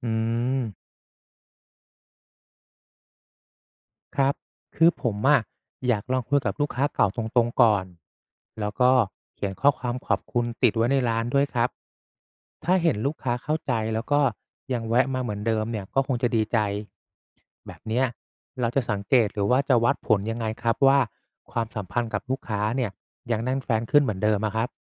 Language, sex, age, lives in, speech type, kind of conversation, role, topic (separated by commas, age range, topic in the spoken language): Thai, male, 35-39, Thailand, read, expert-assistant, asker, ถ้าเราเจอร้านค้าใกล้บ้านที่ขายของราคาขึ้นบ่อย ๆ มีวิธีไหนที่เจ้าของร้านจะทำให้ลูกค้ายังอยากกลับมาซื้ออีก?